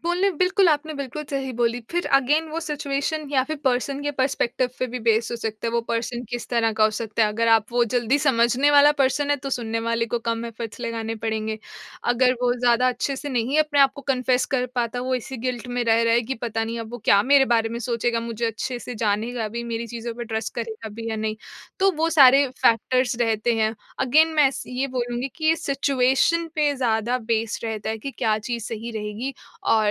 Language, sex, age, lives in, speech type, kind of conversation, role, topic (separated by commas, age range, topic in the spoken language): Hindi, female, 25-29, India, conversation, podcast, guest, Trust banane mein imaandari ka kya role hota hai, aur aap ise kaise nibhaate ho?